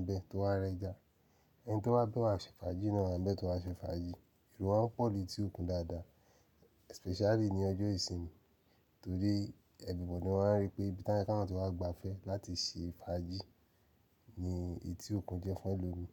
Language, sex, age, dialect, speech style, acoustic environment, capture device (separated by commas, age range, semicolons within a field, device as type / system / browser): Yoruba, male, 35-44, Nigeria - Nigerian Standard, relaxed, nature, mobile / Linux / Chrome